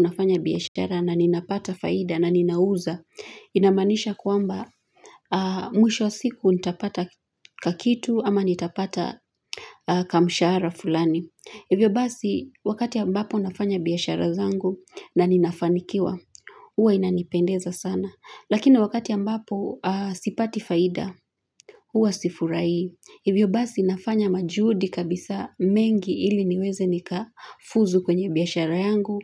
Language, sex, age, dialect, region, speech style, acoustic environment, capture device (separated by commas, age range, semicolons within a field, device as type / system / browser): Swahili, female, 35-44, Kenya - Nairobi Sheng-influenced, Kenya, relaxed, silence, mobile / Linux / Chrome